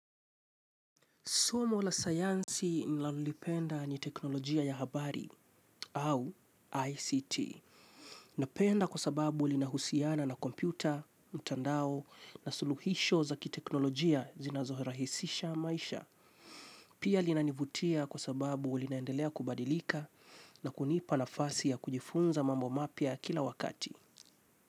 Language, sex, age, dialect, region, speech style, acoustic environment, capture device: Swahili, male, 25-34, Kenya - Mombasa, Kenya, relaxed; stressed, silence, mobile / Linux / Chrome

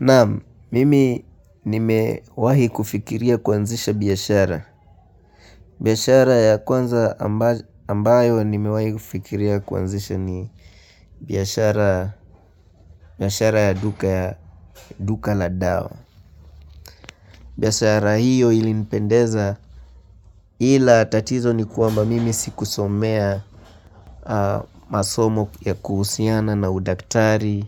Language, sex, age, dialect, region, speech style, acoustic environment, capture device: Swahili, male, 18-24, Kenya - Nairobi Sheng-influenced, Kenya, happy, silence, mobile / Linux / Chrome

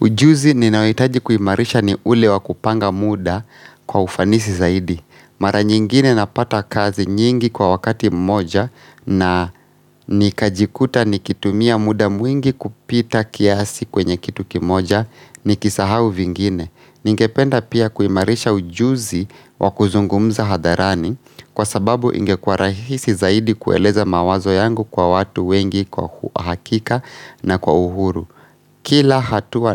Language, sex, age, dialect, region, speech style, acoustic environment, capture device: Swahili, male, 25-34, Kenya - Nairobi Sheng-influenced, Kenya, focused, silence, mobile / Linux / Chrome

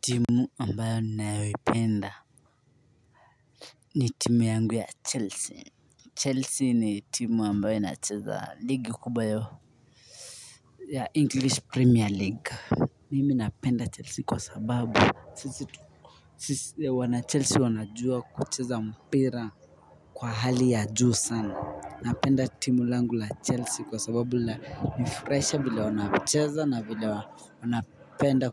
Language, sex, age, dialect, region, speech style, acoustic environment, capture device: Swahili, male, 25-34, Kenya - Mombasa, Kenya, focused, silence, mobile / Linux / Chrome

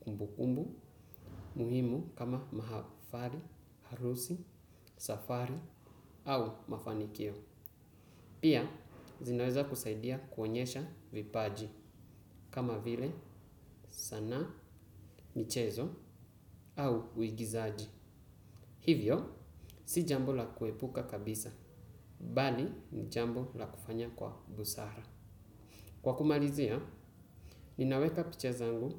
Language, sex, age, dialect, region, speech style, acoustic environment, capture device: Swahili, male, 18-24, Kenya - Mombasa, Kenya, focused, silence, desktop / Windows / Chrome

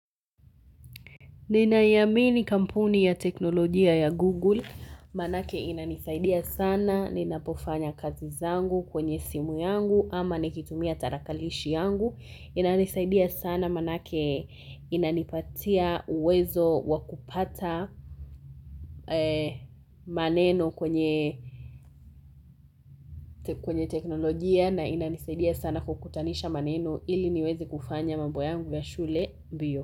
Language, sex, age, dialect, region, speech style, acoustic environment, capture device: Swahili, female, 18-24, Kenya - Nairobi Sheng-influenced, Kenya, happy, silence, mobile / Linux / Chrome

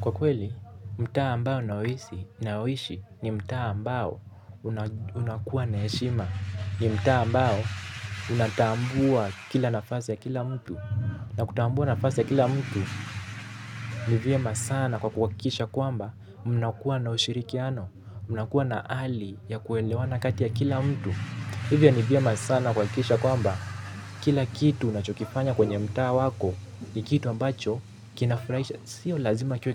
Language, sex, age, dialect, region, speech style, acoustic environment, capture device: Swahili, male, 18-24, Kenya - Mombasa, Kenya, relaxed; anxious; focused; excited, nature; silence; construction, mobile / Linux / Chrome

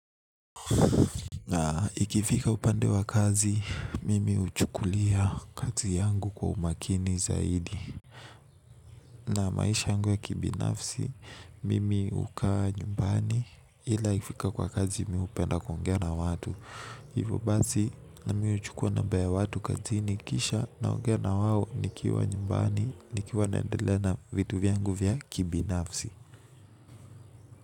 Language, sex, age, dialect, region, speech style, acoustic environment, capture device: Swahili, male, 18-24, Kenya - Nairobi Sheng-influenced, Kenya, excited, nature, mobile / Linux / Chrome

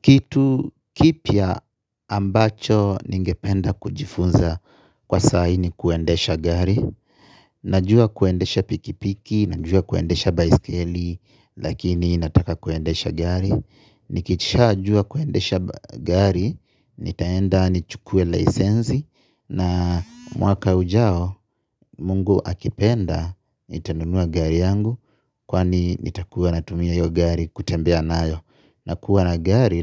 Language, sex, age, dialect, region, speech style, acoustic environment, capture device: Swahili, male, 25-34, Kenya - Nairobi Sheng-influenced, Kenya, happy, people talking, mobile / Linux / Chrome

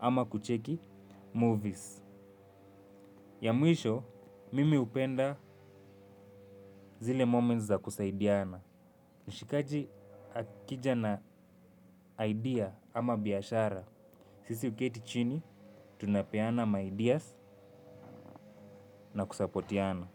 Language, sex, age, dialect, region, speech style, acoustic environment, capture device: Swahili, male, 25-34, Kenya - Nairobi Sheng-influenced, Kenya, relaxed, nature; construction, mobile / Linux / Chrome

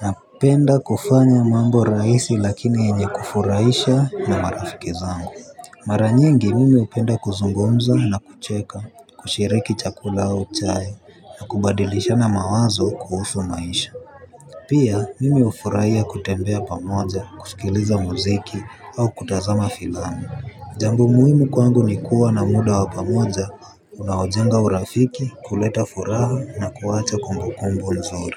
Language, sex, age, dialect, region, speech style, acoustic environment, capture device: Swahili, male, 18-24, Kenya - Nairobi Sheng-influenced, Kenya, focused; relaxed, traffic; people talking, mobile / Linux / Chrome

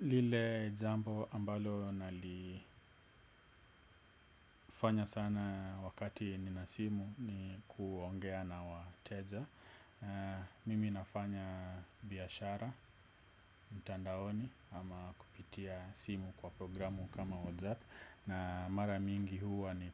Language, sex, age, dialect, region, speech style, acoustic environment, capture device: Swahili, male, 25-34, Kenya - Nairobi Sheng-influenced, Kenya, relaxed; focused, silence, desktop / Windows / Edge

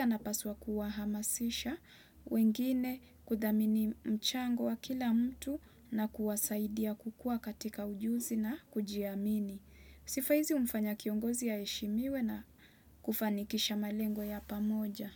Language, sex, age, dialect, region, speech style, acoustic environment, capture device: Swahili, female, 25-34, Kenya - Mombasa, Kenya, relaxed, nature, desktop / Windows / Chrome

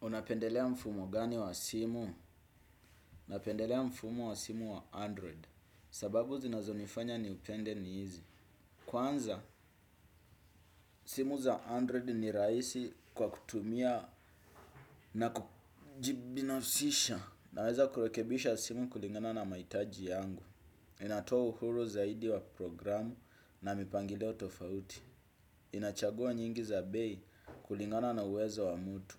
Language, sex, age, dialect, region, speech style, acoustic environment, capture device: Swahili, male, 25-34, Kenya - Nairobi Sheng-influenced, Kenya, happy, music, desktop / Windows / Chrome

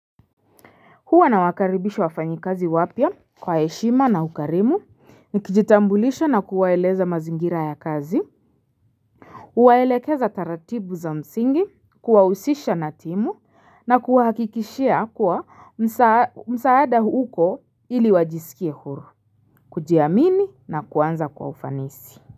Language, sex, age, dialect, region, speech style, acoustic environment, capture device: Swahili, female, 25-34, Kenya - Nairobi Sheng-influenced, Kenya, focused, silence, mobile / Linux / Chrome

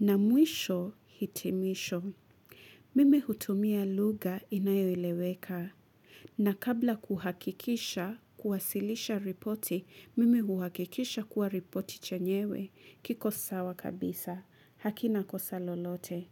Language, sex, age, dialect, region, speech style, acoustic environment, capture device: Swahili, female, 25-34, Kenya - Mombasa, Kenya, relaxed, nature, mobile / Linux / Chrome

